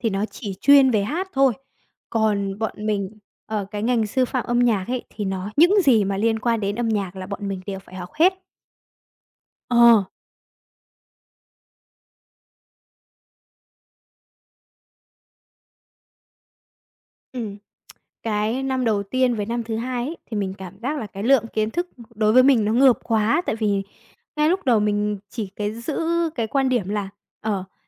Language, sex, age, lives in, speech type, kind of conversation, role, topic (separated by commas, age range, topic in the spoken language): Vietnamese, male, 20-24, Vietnam, conversation, podcast, guest, Làm sao để biến sở thích thành một nghề nghiệp ý nghĩa?